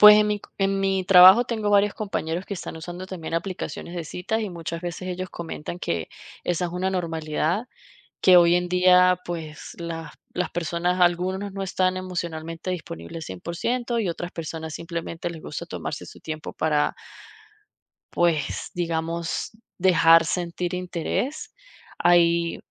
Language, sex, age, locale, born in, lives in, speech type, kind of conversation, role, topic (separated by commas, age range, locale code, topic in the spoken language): Spanish, female, 30-34, es-VE, Venezuela, United States, conversation, advice, user, ¿Cómo puedo superar el miedo a intentarlo de nuevo después de una ruptura sentimental o un despido?